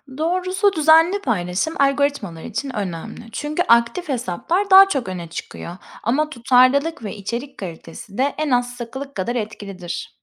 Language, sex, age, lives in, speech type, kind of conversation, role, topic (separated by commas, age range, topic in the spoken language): Turkish, female, 30-34, Spain, read, expert-assistant, expert, Birden fazla sosyal medya hesabında içerik paylaşırken işleri nasıl daha kolay yönetebilirim?